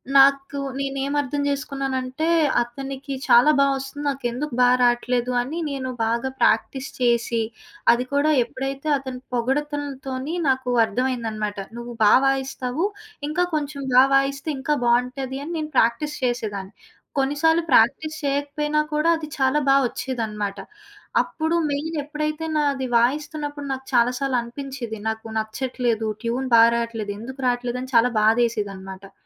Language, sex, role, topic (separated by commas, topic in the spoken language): Telugu, female, guest, సహకారం మీ కళను ఏ విధంగా మార్చింది?